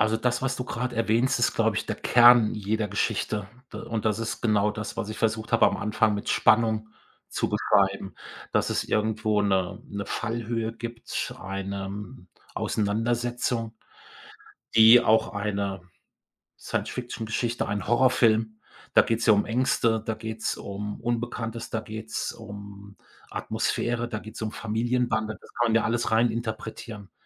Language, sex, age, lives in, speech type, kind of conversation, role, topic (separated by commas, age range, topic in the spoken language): German, male, 55-59, Germany, conversation, podcast, guest, Was macht für dich eine gute Geschichte aus?